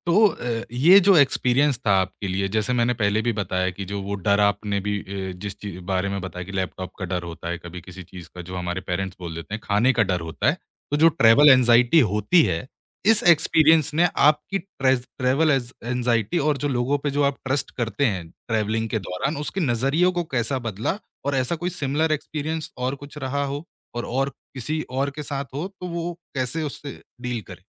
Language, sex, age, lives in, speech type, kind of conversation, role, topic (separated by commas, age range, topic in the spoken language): Hindi, male, 25-29, India, conversation, podcast, host, Kisi train ya flight mein kisi stranger ne tumhara din bana diya, kya hua?